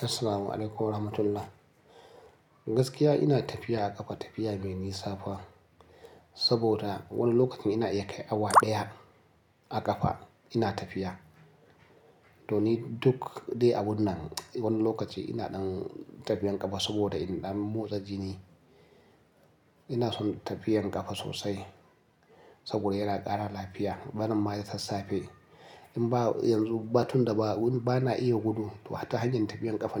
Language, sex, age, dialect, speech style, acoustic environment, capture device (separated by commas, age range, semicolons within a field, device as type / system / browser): Hausa, male, 35-44, Nigeria - Nigerian Standard, happy, nature, mobile / Linux / Chrome